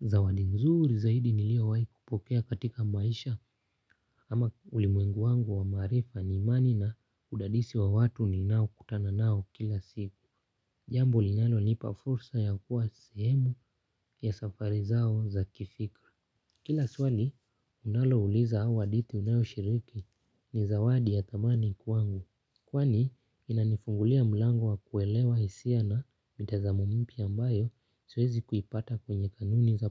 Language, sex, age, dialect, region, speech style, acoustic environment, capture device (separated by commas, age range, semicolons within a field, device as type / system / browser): Swahili, male, 18-24, Kenya - Nairobi Sheng-influenced, Kenya, happy; focused; relaxed, nature; silence, mobile / Linux / Chrome